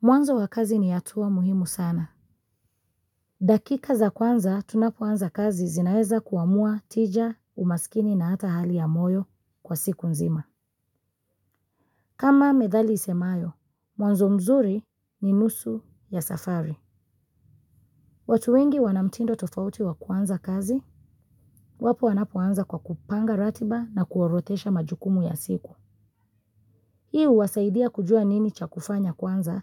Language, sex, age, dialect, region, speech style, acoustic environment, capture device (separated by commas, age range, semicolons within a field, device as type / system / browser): Swahili, female, 25-34, Kenya - Mombasa, Kenya, focused, nature, mobile / Linux / Chrome